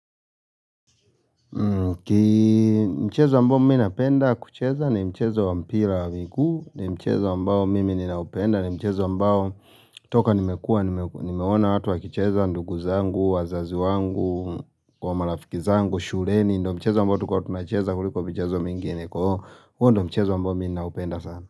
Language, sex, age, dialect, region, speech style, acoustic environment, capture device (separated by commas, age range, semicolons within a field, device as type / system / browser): Swahili, male, 25-34, Kenya - Nairobi Sheng-influenced, Kenya, relaxed, silence, mobile / Linux / Chrome